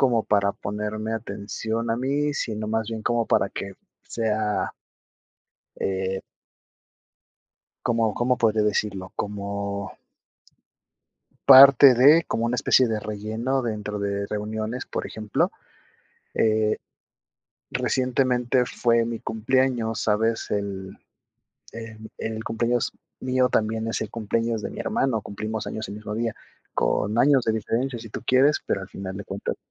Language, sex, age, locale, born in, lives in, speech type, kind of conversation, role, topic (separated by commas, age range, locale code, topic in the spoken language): Spanish, male, 30-34, es-MX, Mexico, Mexico, conversation, advice, user, ¿Qué puedo hacer si me siento excluido de decisiones familiares importantes o de reuniones?